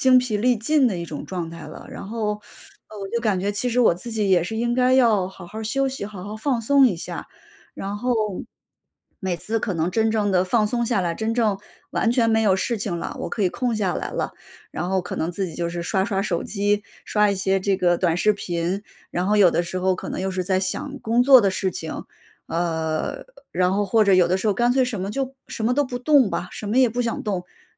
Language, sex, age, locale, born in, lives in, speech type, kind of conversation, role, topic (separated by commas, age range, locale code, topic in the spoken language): Chinese, female, 35-39, zh-CN, China, United States, conversation, advice, user, 在忙碌的生活中，我该如何留出时间娱乐和放松？